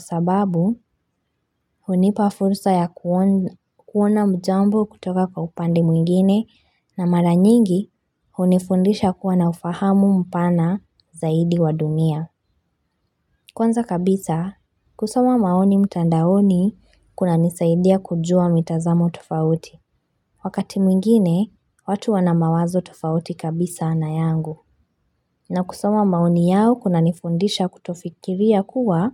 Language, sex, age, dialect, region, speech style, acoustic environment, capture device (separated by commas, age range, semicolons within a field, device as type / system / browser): Swahili, female, 25-34, Kenya - Mombasa, Kenya, relaxed; focused, silence; nature, mobile / Linux / Chrome